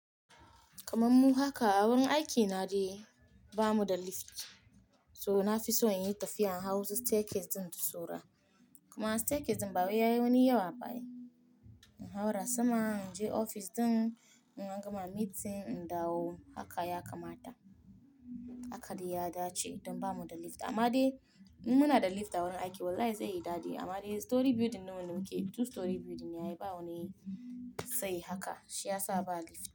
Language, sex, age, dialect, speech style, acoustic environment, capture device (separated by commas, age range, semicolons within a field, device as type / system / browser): Hausa, female, 25-34, Nigeria - Nigerian Standard, happy, silence, mobile / Linux / Chrome